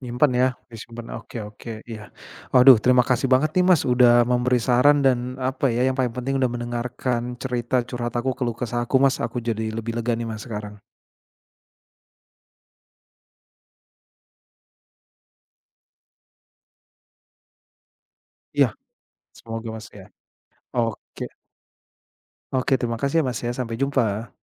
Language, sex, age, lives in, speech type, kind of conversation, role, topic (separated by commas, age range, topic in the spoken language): Indonesian, male, 35-39, Indonesia, conversation, advice, user, Mengapa saya merasa bersalah setelah membeli barang mahal yang sebenarnya tidak perlu?